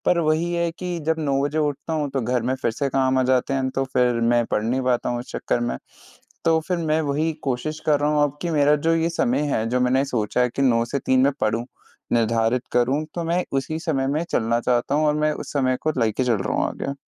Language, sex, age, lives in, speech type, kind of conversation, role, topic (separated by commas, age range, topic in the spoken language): Hindi, male, 20-24, India, conversation, advice, user, मुझे रोज़ाना पढ़ने की आदत डालने में असफलता क्यों महसूस होती है?